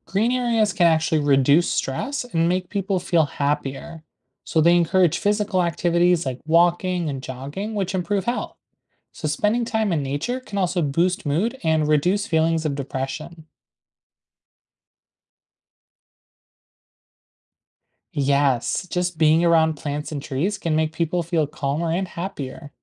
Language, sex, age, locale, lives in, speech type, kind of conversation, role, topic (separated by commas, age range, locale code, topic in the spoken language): English, male, 20-24, en-US, United States, read, expert-assistant, expert, What are the benefits of urban greenery in cities?